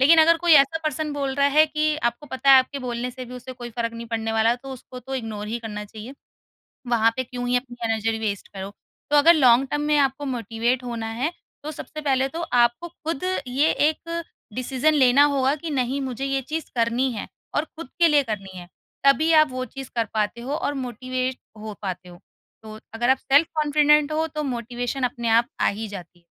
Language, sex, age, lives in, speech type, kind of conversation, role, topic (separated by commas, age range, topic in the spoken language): Hindi, female, 30-34, India, conversation, podcast, guest, Motivation kam ho jaati hai toh tum kya karte ho?